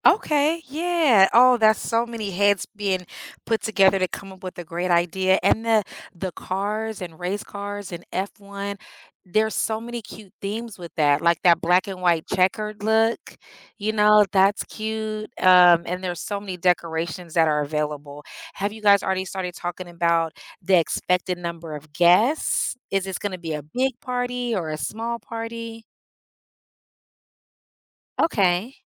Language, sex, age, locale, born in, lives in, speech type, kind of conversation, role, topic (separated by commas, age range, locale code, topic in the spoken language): English, female, 40-44, en-US, United States, United States, conversation, advice, advisor, How can I plan a surprise party for my close friend?